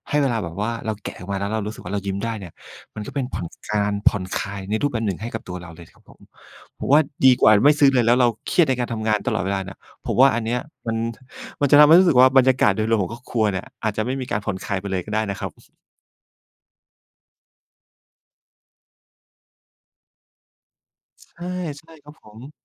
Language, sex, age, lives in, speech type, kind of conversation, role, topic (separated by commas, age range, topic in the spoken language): Thai, male, 45-49, Thailand, conversation, advice, advisor, ทำไมฉันถึงซื้อของฟุ่มเฟือยทุกเดือนแล้วรู้สึกผิด และควรจัดการอย่างไร?